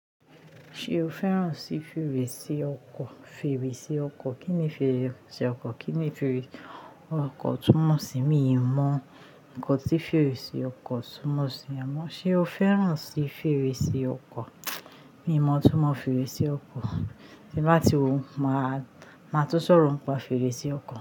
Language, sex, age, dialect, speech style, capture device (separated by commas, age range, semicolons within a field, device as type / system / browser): Yoruba, female, 25-34, Nigeria - Ijebu, happy, mobile / Linux / Chrome